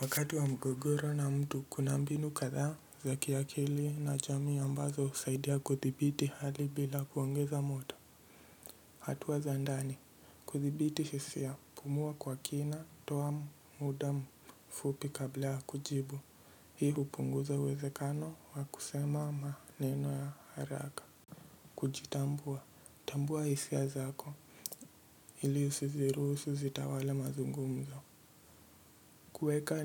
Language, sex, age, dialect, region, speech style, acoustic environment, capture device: Swahili, male, 18-24, Kenya - Mombasa, Kenya, anxious; nervous; focused; stressed, nature; silence, mobile / Linux / Edge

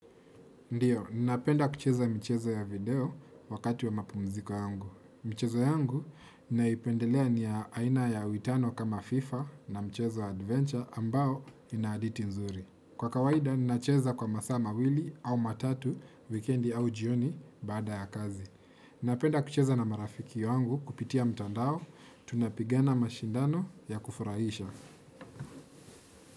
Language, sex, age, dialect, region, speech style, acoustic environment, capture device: Swahili, male, 25-34, Kenya - Nairobi Sheng-influenced, Kenya, relaxed, silence, desktop / Windows / Chrome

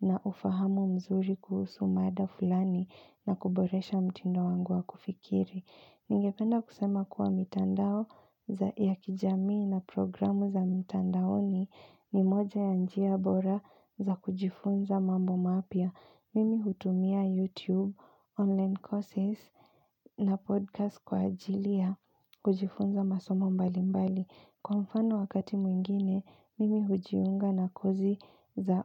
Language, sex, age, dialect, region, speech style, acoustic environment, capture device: Swahili, female, 25-34, Kenya - Nairobi Sheng-influenced, Kenya, focused, appliances, mobile / Linux / Chrome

